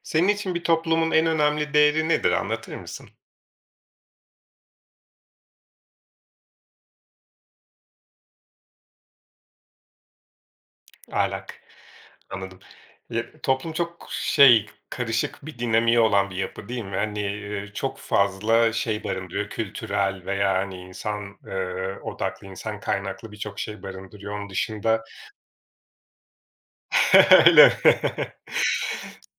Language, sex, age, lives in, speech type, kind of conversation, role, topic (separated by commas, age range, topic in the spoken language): Turkish, male, 40-44, Portugal, conversation, podcast, host, Bir toplumun en önemli değeri sence nedir?